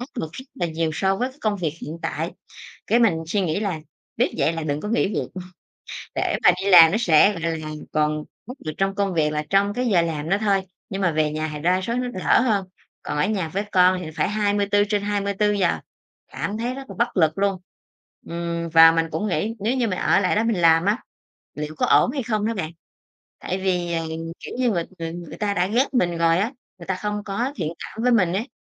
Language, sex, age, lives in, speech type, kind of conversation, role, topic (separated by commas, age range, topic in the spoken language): Vietnamese, female, 30-34, Vietnam, conversation, podcast, guest, Vì sao bạn quyết định rời bỏ một công việc ổn định?